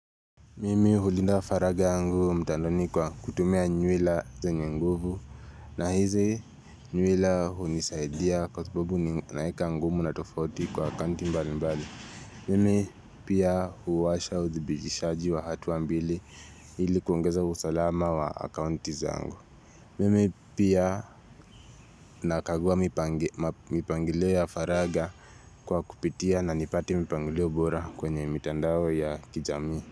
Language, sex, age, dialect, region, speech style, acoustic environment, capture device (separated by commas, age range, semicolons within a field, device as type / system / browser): Swahili, male, 18-24, Kenya - Nairobi Sheng-influenced, Kenya, relaxed; focused, nature; silence, mobile / Linux / Chrome